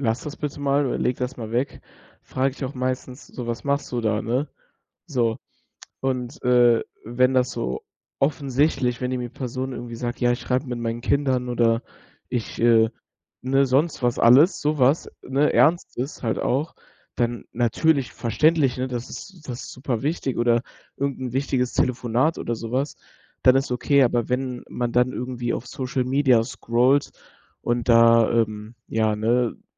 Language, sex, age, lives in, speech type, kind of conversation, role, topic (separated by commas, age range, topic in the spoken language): German, male, 18-19, Germany, conversation, podcast, guest, Welche Regeln hast du für die Handynutzung am Esstisch?